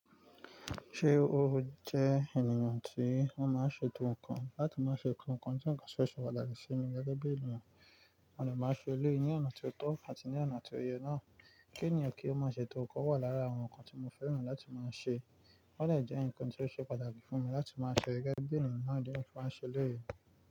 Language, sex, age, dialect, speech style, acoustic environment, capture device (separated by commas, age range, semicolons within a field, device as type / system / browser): Yoruba, male, 25-34, Nigeria - Nigerian Standard, relaxed, nature, mobile / Linux / Chrome